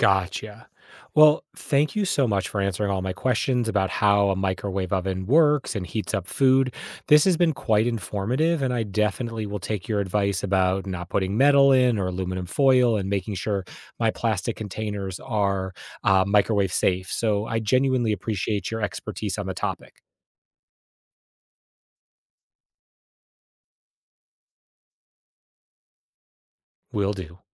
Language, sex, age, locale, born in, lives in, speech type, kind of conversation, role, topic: English, male, 45-49, en-US, United States, United States, read, expert-assistant, asker, How does a microwave oven work and what are the best practices for safe and effective use?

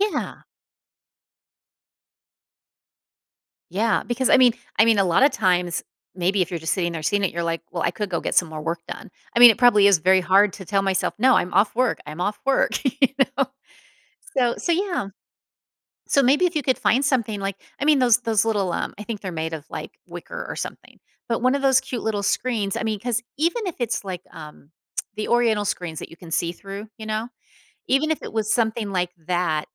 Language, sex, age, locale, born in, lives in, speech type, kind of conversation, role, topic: English, female, 50-54, en-US, United States, United States, conversation, advice, advisor, How can I manage constant work stress and prevent burnout?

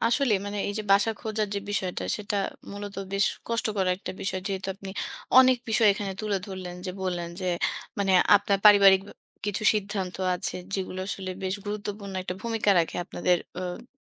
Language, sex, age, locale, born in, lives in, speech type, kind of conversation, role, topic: Bengali, female, 30-34, bn-BD, Bangladesh, Bangladesh, conversation, advice, advisor, ভাড়া বাসা খুঁজতে গিয়ে বাজেট নিয়ে আপনি কতটা চিন্তিত ও অনিশ্চিত বোধ করছেন?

